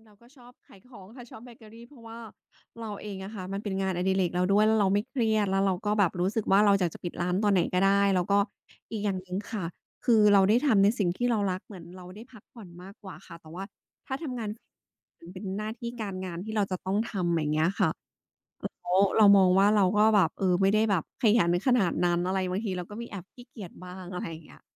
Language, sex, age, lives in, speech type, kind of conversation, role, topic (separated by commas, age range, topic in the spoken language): Thai, female, 35-39, Thailand, conversation, podcast, guest, ช่วงไหนในชีวิตที่คุณรู้สึกว่าได้เริ่มต้นใหม่อีกครั้ง?